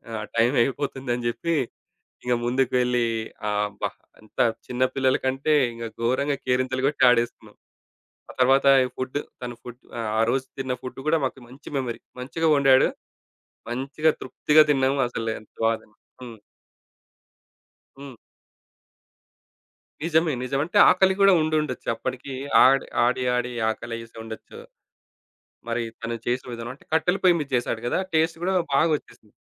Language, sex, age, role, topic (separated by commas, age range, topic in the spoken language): Telugu, male, 35-39, guest, మీరు చూసిన సహజ దృశ్యం లేదా అడవిలో ఎదురైన అనుభవం గురించి వివరంగా చెప్పగలరా?